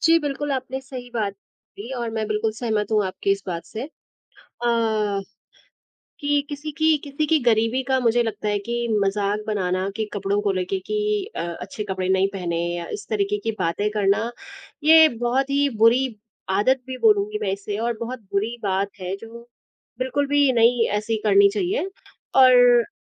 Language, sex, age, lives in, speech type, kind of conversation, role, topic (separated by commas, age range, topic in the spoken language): Hindi, female, 25-29, India, conversation, unstructured, none, क्या किसी व्यक्ति की पहचान केवल उसके कपड़ों के आधार पर आँकना सही है?